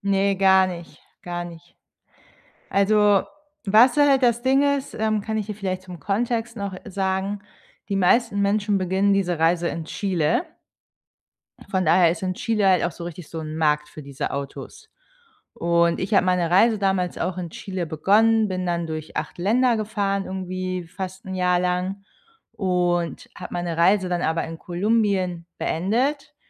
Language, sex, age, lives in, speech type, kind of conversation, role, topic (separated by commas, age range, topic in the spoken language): German, female, 30-34, Germany, conversation, advice, user, Ich fühle mich von meinem ganzen Besitz überfordert – wie fange ich am besten mit dem Ausmisten an?